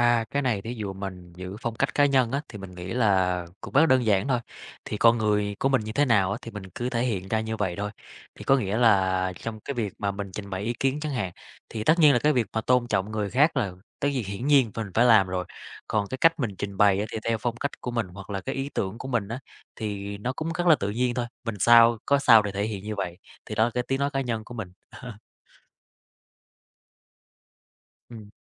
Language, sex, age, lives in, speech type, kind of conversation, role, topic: Vietnamese, male, 30-34, Vietnam, conversation, podcast, guest, Làm thế nào để giữ được tiếng nói cá nhân trong một dự án hợp tác?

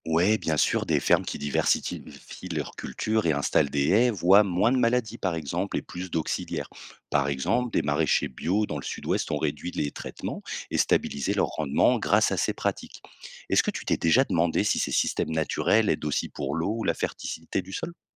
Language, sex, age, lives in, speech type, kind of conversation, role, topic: French, male, 30-34, France, read, expert-assistant, expert, Comment pourrait-on s’inspirer de la nature pour rendre nos cultures plus résistantes ?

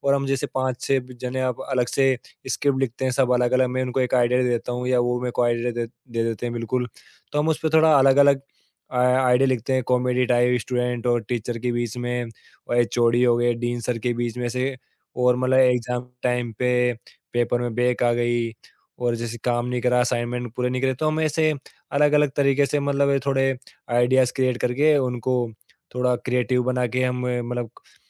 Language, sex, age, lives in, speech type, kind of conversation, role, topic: Hindi, male, 18-19, India, conversation, podcast, guest, Tum apne passion ko practical kaise bana rahe ho?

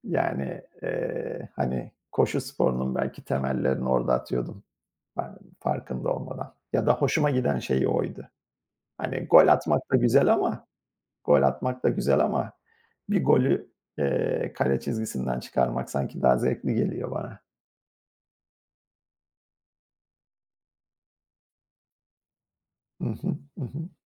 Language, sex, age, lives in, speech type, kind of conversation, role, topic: Turkish, male, 50-54, United States, conversation, unstructured, none, Hangi sporu yapmak seni en çok mutlu ediyor?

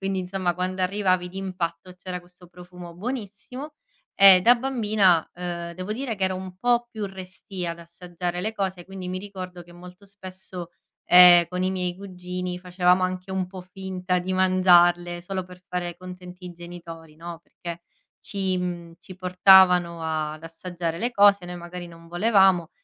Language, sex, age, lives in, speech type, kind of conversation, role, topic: Italian, female, 30-34, Italy, conversation, podcast, guest, Qual è una ricetta di famiglia che porti sempre con te?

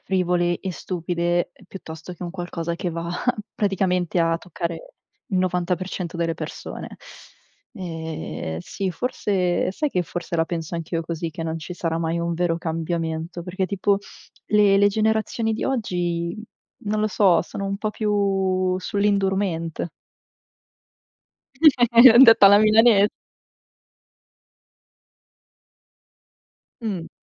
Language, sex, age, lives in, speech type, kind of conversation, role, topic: Italian, female, 25-29, Italy, conversation, unstructured, none, Quale notizia ti ha fatto sperare in un cambiamento positivo?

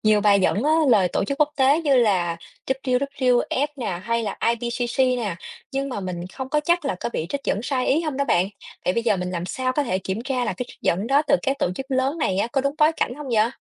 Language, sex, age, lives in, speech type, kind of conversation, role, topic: Vietnamese, female, 30-34, Vietnam, read, expert-assistant, asker, Khi ai đó chia sẻ trên mạng về tác động của con người lên hệ sinh thái, làm sao phân biệt đâu là sự thật, đâu là phóng đại?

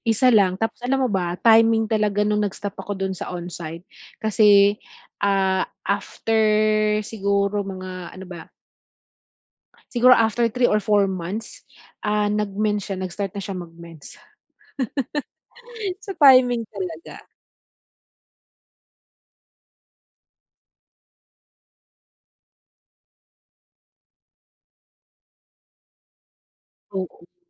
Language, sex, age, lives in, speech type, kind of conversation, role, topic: Filipino, female, 40-44, Philippines, conversation, advice, user, Paano ko mahahati nang maayos ang oras ko para sa pamilya at sa sarili?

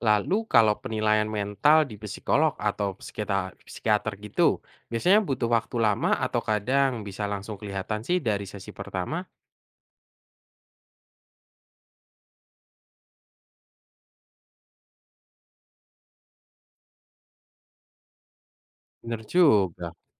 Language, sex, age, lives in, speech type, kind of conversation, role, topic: Indonesian, male, 25-29, Indonesia, read, expert-assistant, asker, Kenapa saya sering merasa lelah dan mudah marah akhir-akhir ini? Apakah ini tanda-tanda stres yang tidak saya sadari?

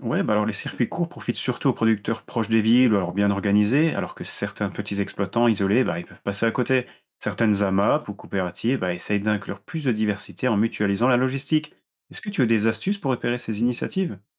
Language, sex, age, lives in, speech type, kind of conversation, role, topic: French, male, 25-29, France, read, expert-assistant, expert, Vaut-il mieux acheter des produits locaux ou importer : quels sont les vrais impacts sur notre économie ?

